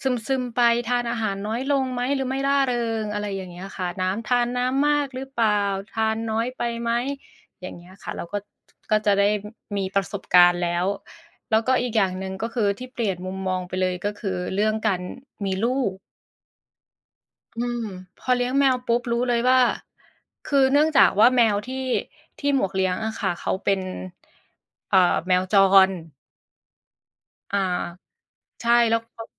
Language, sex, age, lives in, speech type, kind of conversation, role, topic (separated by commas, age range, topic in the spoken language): Thai, female, 30-34, Thailand, conversation, unstructured, none, ความทรงจำอะไรที่ทำให้คุณยิ้มได้ทันที?